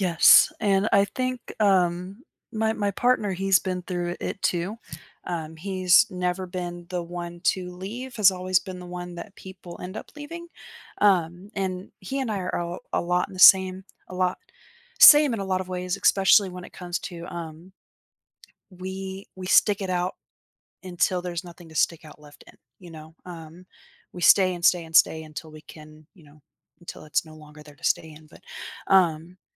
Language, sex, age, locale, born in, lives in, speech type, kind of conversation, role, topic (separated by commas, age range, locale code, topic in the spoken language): English, female, 30-34, en-US, United States, United States, conversation, podcast, guest, How can facing your fears lead to personal growth and new opportunities?